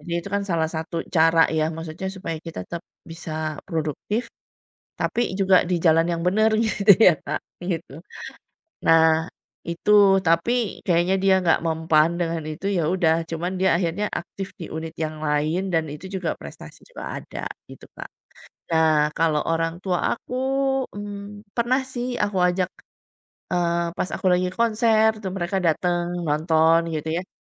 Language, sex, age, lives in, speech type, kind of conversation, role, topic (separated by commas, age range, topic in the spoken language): Indonesian, female, 40-44, Indonesia, conversation, podcast, guest, Bagaimana kamu berbagi hobi dengan keluarga atau teman?